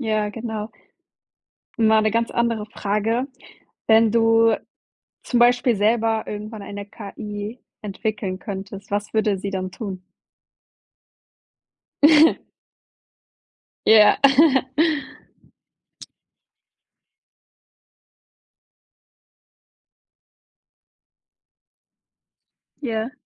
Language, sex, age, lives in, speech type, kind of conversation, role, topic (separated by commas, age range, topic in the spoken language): German, female, 20-24, Germany, conversation, podcast, host, Was denkst du über künstliche Intelligenz im Alltag?